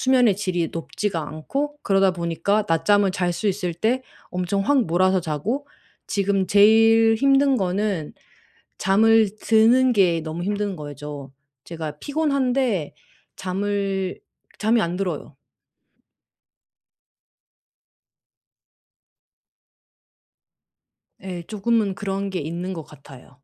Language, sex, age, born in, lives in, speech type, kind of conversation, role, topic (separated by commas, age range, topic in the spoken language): Korean, female, 35-39, South Korea, Germany, conversation, advice, user, 낮잠 때문에 밤잠이 깨지는데 낮잠과 밤잠의 균형을 어떻게 맞출 수 있을까요?